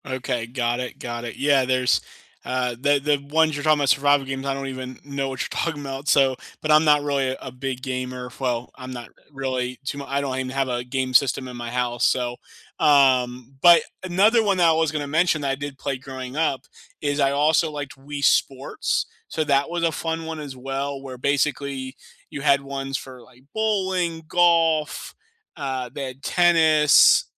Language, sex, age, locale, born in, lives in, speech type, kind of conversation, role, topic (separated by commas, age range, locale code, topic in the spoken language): English, male, 30-34, en-US, United States, United States, conversation, unstructured, none, What video game made you lose track of time?